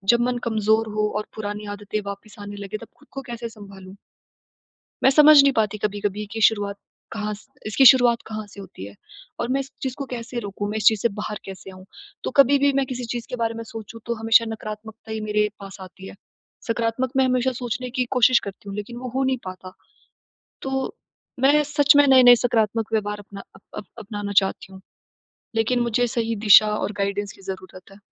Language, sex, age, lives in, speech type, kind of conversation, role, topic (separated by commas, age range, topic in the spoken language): Hindi, female, 20-24, India, conversation, advice, user, मैं नकारात्मक पैटर्न तोड़ते हुए नए व्यवहार कैसे अपनाऊँ?